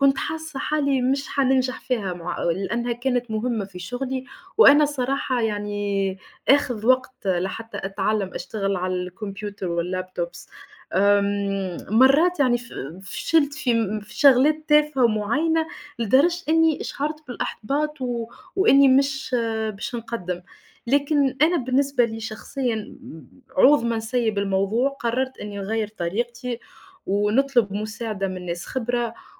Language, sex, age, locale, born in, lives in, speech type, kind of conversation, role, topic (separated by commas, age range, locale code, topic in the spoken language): Arabic, female, 25-29, ar-TN, Tunisia, Portugal, conversation, unstructured, none, بتحس بإيه لما تفشل إنك تحقق اللي انت عايزه؟